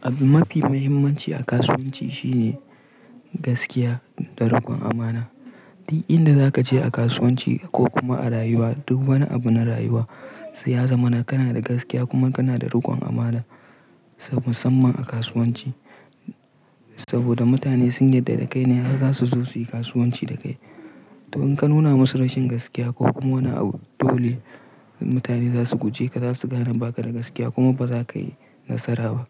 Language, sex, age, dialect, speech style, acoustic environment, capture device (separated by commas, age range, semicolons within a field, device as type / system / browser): Hausa, male, 18-24, Nigeria - Pidgin-influenced, relaxed; tired; stressed; focused, silence; nature, mobile / Linux / Chrome